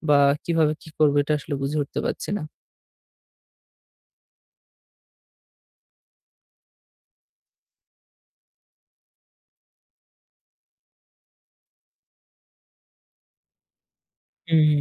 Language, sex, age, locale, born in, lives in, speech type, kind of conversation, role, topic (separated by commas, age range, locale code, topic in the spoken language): Bengali, male, 25-29, bn-BD, Bangladesh, Bangladesh, conversation, advice, user, আমি কীভাবে নেতিবাচক আত্মকথা কমিয়ে আত্মবিশ্বাস বাড়াতে পারি?